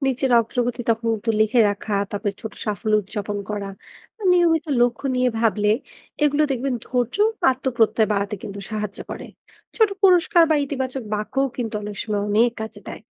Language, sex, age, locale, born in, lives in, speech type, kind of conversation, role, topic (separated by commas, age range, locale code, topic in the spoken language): Bengali, female, 20-24, bn-BD, Bangladesh, Bangladesh, read, expert-assistant, expert, পাখি বা প্রাণীর টিকে থাকার সংগ্রাম থেকে আমরা কীভাবে নিজের লক্ষ্য ঠিক করতে পারি?